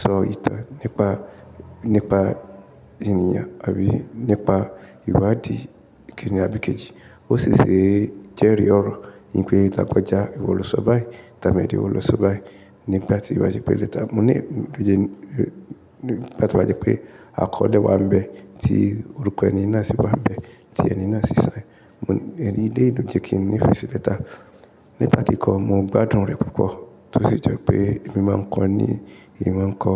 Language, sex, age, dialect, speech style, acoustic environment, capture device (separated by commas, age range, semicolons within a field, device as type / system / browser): Yoruba, male, 35-44, Nigeria - Ibadan, relaxed, silence, mobile / Linux / Chrome